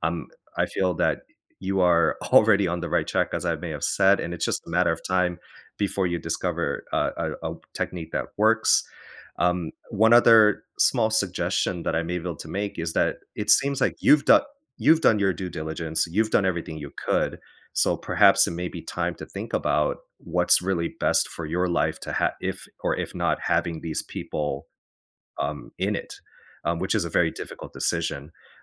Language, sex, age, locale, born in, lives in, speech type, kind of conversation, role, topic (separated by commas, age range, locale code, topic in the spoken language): English, male, 35-39, en-US, United States, United States, conversation, advice, advisor, How can I set boundaries with family members?